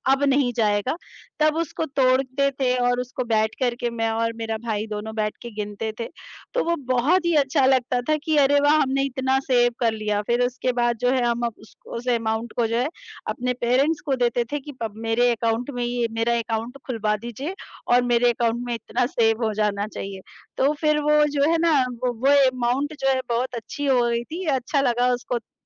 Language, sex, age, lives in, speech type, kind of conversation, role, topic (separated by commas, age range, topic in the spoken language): Hindi, female, 35-39, India, conversation, unstructured, none, पैसे बचाने के लिए आपकी सबसे पसंदीदा आदत कौन-सी है?